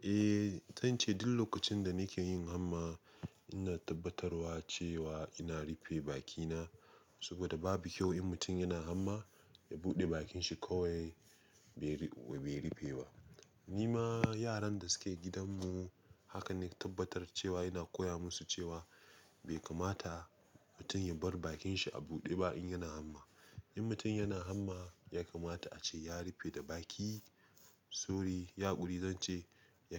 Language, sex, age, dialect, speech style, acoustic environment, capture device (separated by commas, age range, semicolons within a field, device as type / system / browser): Hausa, male, 25-34, Nigeria - Nigerian Standard, excited, silence, mobile / Linux / Chrome